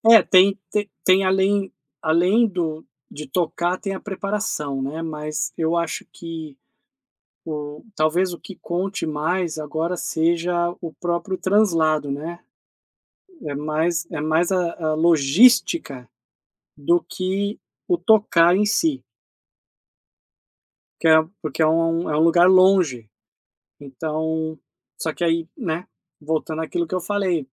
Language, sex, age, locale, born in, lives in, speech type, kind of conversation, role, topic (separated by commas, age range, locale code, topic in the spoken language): Portuguese, male, 40-44, pt-BR, Brazil, United States, conversation, advice, user, Como conversar sobre um aumento salarial?